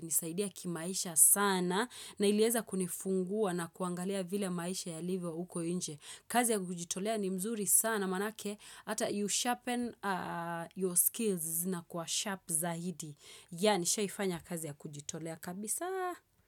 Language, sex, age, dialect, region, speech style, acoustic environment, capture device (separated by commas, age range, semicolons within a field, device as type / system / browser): Swahili, female, 25-34, Kenya - Nairobi Sheng-influenced, Kenya, relaxed, nature, desktop / Windows / Chrome